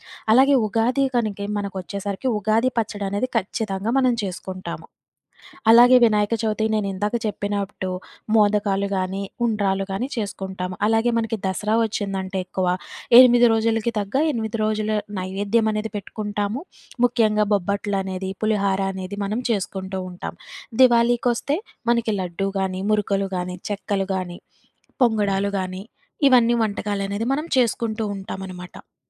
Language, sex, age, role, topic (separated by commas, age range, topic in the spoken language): Telugu, female, 25-29, guest, పండుగ విందుల్లో మీ కుటుంబపు ప్రత్యేక వంటక రీతిని మీరు ఎలా కొనసాగిస్తారు?